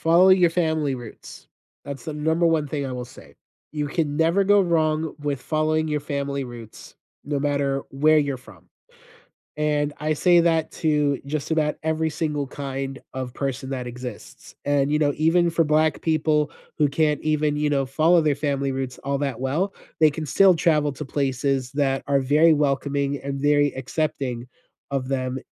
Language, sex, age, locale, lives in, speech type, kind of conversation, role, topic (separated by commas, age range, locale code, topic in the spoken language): English, male, 35-39, en-US, United States, conversation, unstructured, none, How do you feel about paying high prices for popular travel destinations?